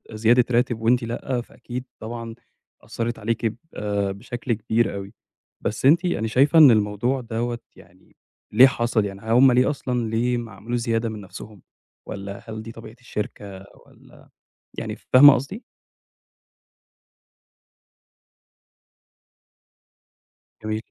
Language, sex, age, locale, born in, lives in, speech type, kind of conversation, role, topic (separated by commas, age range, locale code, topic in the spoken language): Arabic, male, 25-29, ar-EG, Egypt, Egypt, conversation, advice, advisor, إزاي أطلب ترقية أو زيادة في المرتب؟